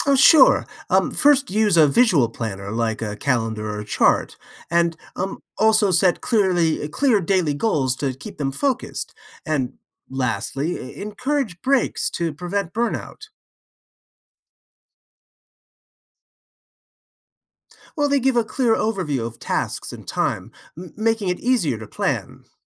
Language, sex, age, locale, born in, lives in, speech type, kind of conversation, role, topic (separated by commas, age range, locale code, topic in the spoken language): English, male, 55-59, en-US, United States, United States, read, expert-assistant, expert, What are some strategies for helping kids manage their time?